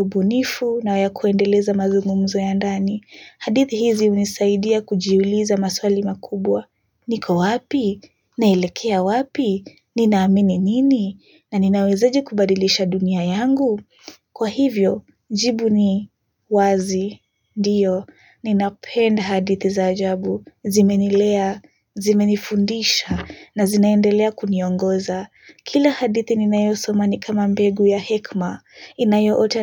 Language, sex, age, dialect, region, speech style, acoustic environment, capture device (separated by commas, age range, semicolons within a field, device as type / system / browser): Swahili, female, 18-24, Kenya - Nairobi Sheng-influenced, Kenya, relaxed, silence, mobile / Linux / Chrome